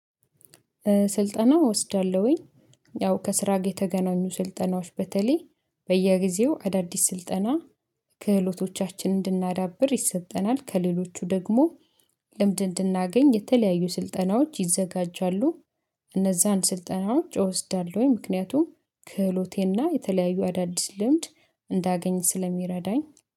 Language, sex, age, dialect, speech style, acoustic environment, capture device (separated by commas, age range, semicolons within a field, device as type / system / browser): Amharic, female, 25-34, Ethiopia - Addis Ababa, focused; happy, silence, mobile / Linux / Chrome